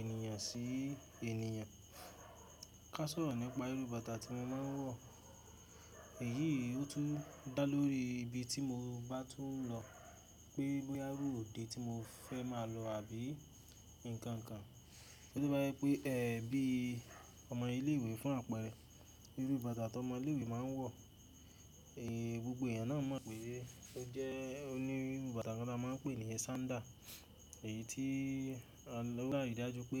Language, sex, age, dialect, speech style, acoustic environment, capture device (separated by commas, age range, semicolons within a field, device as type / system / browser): Yoruba, male, 18-24, Nigeria - Ibadan, happy, silence, mobile / Linux / Chrome